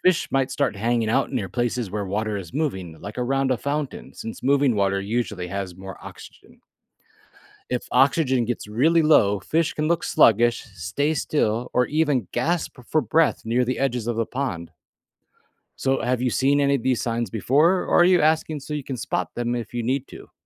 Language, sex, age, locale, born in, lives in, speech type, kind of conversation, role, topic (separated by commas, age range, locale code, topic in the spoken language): English, male, 40-44, en-US, United States, United States, read, expert-assistant, expert, How do fish get the oxygen they need to survive in water?